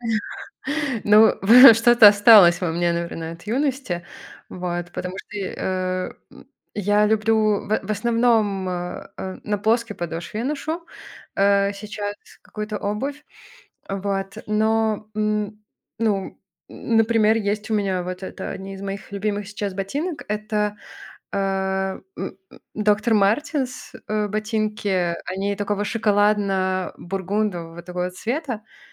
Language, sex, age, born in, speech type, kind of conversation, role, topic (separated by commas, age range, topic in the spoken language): Russian, male, 30-34, Belarus, conversation, podcast, guest, Как ты используешь одежду, чтобы подчеркнуть свою идентичность?